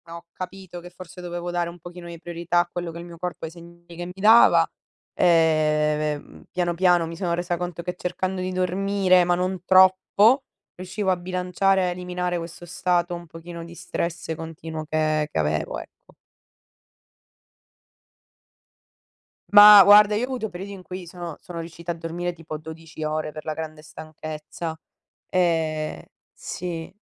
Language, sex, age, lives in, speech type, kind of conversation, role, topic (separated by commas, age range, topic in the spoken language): Italian, female, 60-64, Italy, conversation, unstructured, none, Che ruolo ha il sonno nella tua salute mentale?